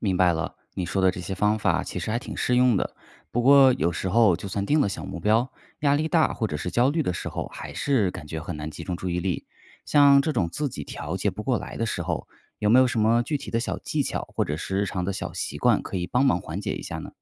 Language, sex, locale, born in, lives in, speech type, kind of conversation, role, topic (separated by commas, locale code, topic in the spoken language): Chinese, male, zh-CN, China, United States, read, expert-assistant, asker, 创业遇到挫折时如何调节情绪并坚持下去？